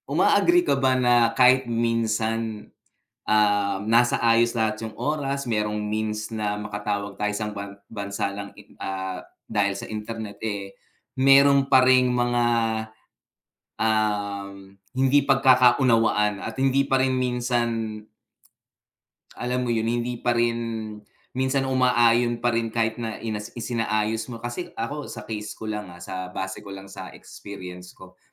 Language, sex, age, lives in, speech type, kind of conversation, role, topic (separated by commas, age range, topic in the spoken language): Filipino, male, 45-49, United States, conversation, unstructured, none, Ano ang pananaw ninyo sa pagbibigay ng oras para sa pamilya?